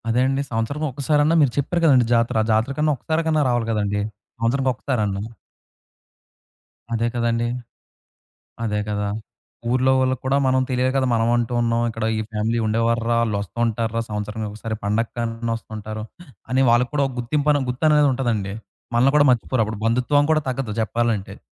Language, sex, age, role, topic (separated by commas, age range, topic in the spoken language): Telugu, male, 20-24, host, పిల్లలకు మీ కుటుంబ మూలాల గురించి మీరు ఎలా చెప్పుతారు?